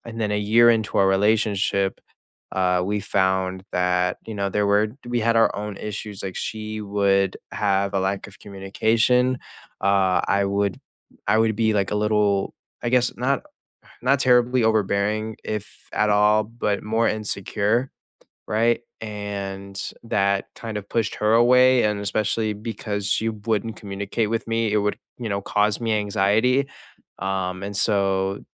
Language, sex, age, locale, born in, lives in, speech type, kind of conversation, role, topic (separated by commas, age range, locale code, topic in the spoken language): English, male, 18-19, en-US, United States, United States, conversation, unstructured, none, Would you keep an ex as a friend?